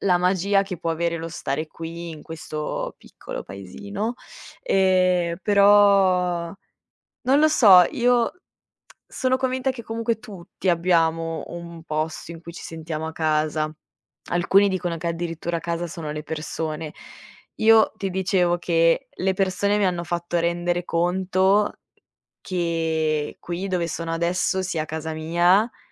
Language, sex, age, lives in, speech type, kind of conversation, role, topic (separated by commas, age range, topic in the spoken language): Italian, female, 25-29, Italy, conversation, podcast, guest, Qual è il primo posto che hai chiamato davvero casa?